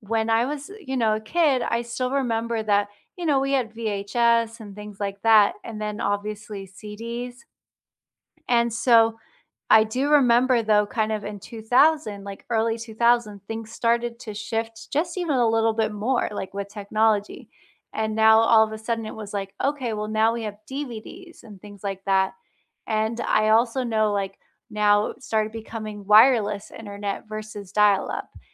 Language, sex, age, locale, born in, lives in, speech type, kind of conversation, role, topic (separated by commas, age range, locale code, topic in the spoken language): English, female, 35-39, en-US, United States, United States, conversation, unstructured, none, What surprises you most about how quickly technology changes?